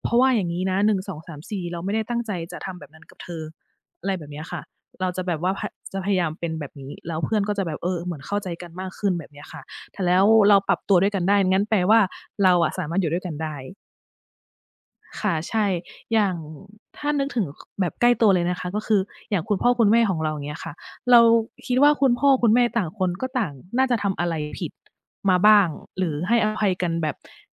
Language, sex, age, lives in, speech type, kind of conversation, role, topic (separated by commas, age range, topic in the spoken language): Thai, female, 40-44, Thailand, conversation, unstructured, none, คุณเคยรู้สึกไหมว่าการให้อภัยคนอื่นยากกว่าการให้อภัยตัวเอง?